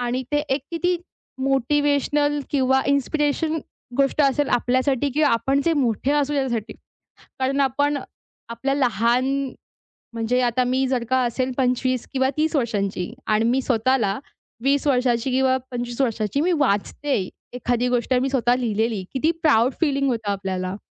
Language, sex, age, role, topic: Marathi, female, 20-24, guest, लहान विजयांची कदर कशी करता?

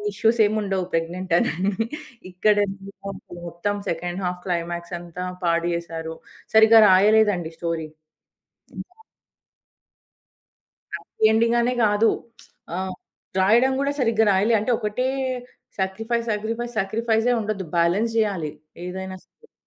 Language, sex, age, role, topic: Telugu, female, 30-34, guest, నీకు ఇష్టమైన సినిమా గురించి ఒకసారి చెప్పగలవా?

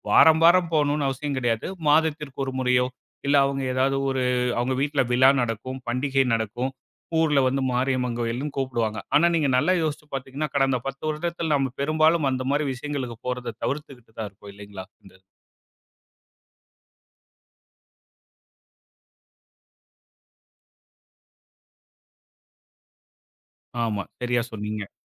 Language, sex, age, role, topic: Tamil, male, 35-39, guest, பண்டிகைகள் மற்றும் குடும்ப வழக்கங்களில் தலைமுறைகளுக்கிடையிலான மாறுபாடுகள் குறித்து பேசலாமா?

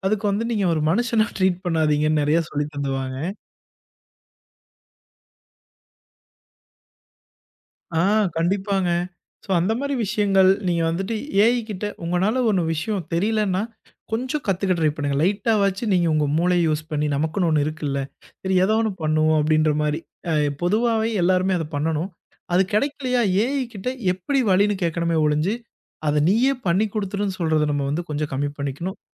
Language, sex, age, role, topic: Tamil, male, 25-29, guest, செயற்கை நுண்ணறிவு நம் தினசரி பழக்கங்களை எப்படி மாற்றும்?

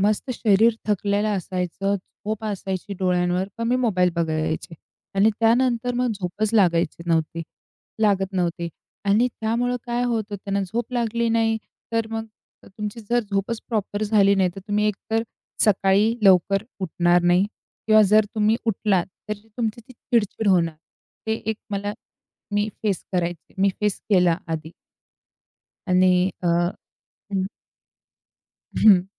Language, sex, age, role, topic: Marathi, female, 45-49, guest, झोपण्याआधी मन शांत राहण्यासाठी तुम्ही काय करता?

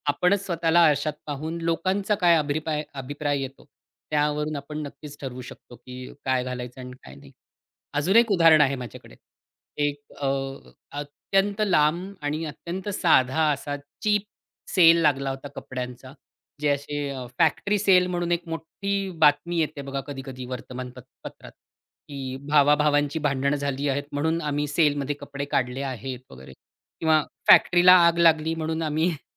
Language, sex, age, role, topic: Marathi, male, 40-44, guest, कपडे खरेदी करताना तुम्ही आरामदायकपणाला अधिक महत्त्व देता की स्टायलिशपणाला?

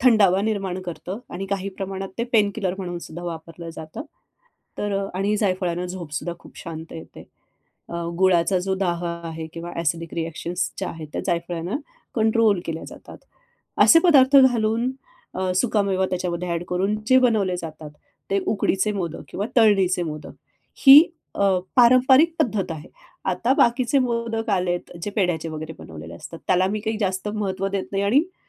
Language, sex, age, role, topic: Marathi, female, 45-49, guest, सणासाठी तुमची आवडती पारंपरिक रेसिपी कोणती आहे?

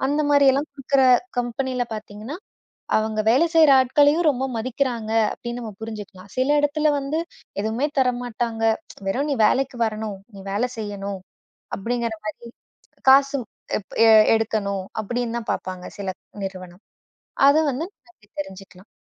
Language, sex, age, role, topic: Tamil, female, 25-29, guest, கேரியர் தேர்வில் உடனடி சம்பளம் முக்கியமா, இல்லையா எதிர்கால வளர்ச்சி முக்கியமா?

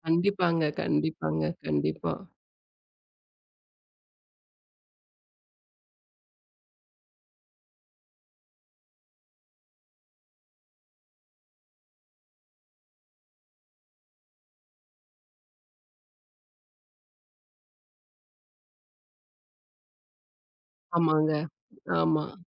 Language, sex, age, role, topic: Tamil, female, 35-39, guest, மனித உணர்வுகளை கதையில் எப்படிக் காட்டுகிறீர்கள்?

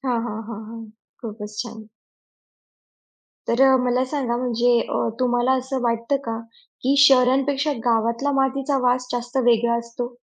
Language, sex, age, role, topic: Marathi, female, 40-44, host, पाऊस पडताना मातीचा वास आला की तुला काय आठवतं?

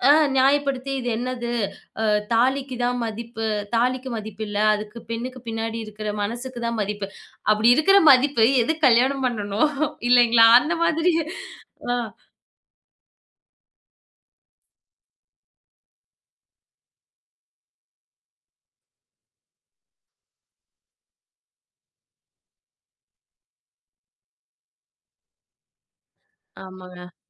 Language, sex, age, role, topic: Tamil, female, 25-29, guest, ஒரு படம் தேர்வு செய்யும்போது முதலில் நீங்கள் என்ன பார்க்கிறீர்கள்?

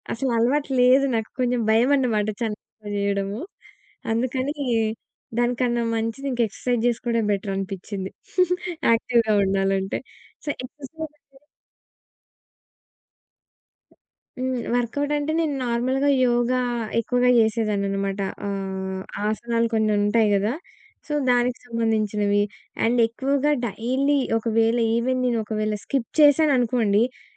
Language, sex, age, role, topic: Telugu, female, 20-24, guest, పని, కుటుంబం, హాబీలు—ఈ మూడింటినీ మీరు సరైన సమతుల్యంతో ఎలా నిర్వహిస్తారు?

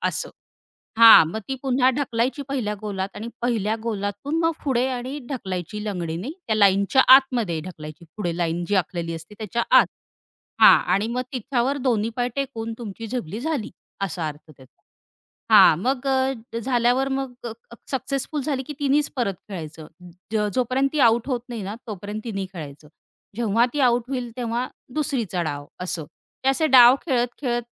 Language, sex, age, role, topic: Marathi, female, 35-39, guest, लहानपणी तुम्हाला सगळ्यात जास्त कोणता खेळ आवडायचा?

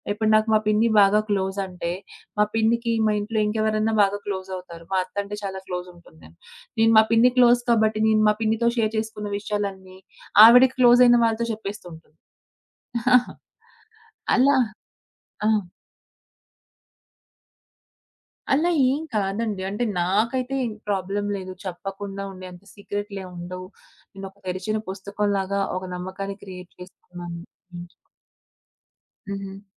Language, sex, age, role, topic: Telugu, female, 25-29, guest, కుటుంబంలో నమ్మకాన్ని ఎలా పెంచుకోవచ్చు?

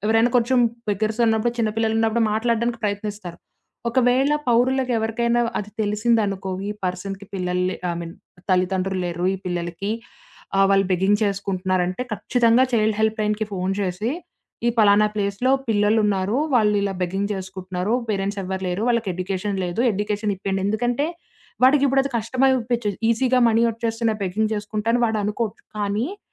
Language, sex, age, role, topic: Telugu, female, 20-24, guest, విద్యలో సమాన అవకాశాలను ఎలా ఖాయం చేయాలి?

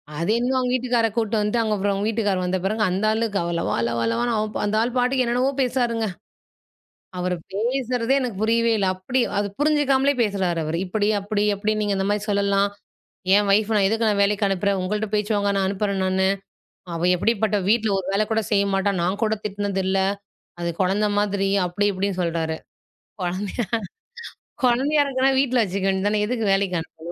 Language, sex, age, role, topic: Tamil, female, 35-39, guest, சொல்ல முடியாத விஷயங்களைப் பற்றி பேசத் தைரியம் எப்படி பெறுவது?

